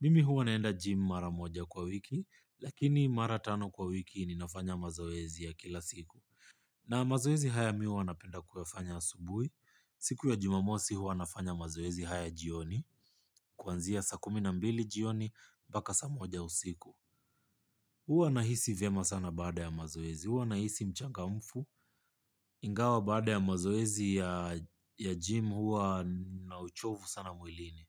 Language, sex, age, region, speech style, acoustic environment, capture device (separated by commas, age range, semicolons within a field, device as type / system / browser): Swahili, male, 25-34, Kenya, relaxed, nature, mobile / Linux / Chrome